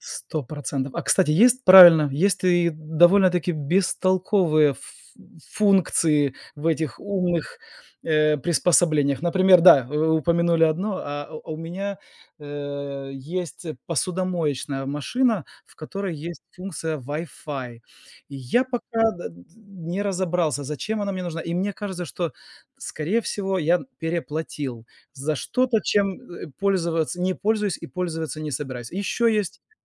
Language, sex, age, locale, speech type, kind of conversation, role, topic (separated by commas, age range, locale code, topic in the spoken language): Russian, male, 40-44, ru-RU, conversation, unstructured, none, Какие инновации сделали вашу жизнь проще?